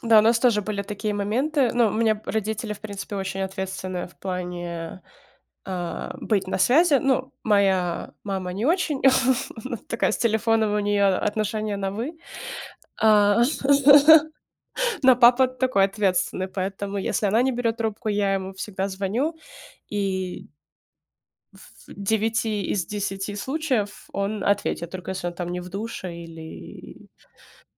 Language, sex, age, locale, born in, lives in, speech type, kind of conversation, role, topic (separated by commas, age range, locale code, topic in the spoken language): Russian, female, 25-29, ru-RU, Ukraine, United States, conversation, unstructured, none, Как технологии изменили общение между людьми?